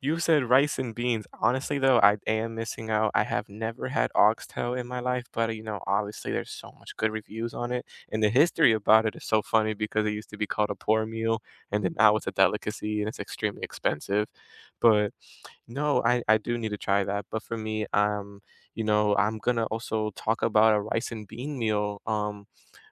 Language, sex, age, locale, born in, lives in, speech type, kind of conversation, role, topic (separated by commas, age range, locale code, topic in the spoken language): English, male, 20-24, en-US, United States, United States, conversation, unstructured, none, What comfort meal do you love, and what memory is attached to it?